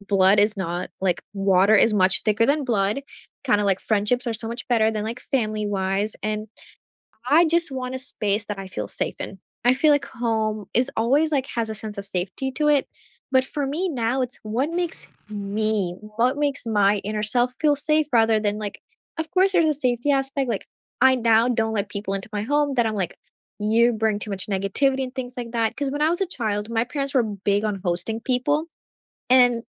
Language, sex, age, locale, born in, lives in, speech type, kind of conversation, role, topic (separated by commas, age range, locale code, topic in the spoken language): English, female, 18-19, en-US, India, United States, conversation, unstructured, none, How has your sense of home changed from childhood to now, and what makes it feel like home today?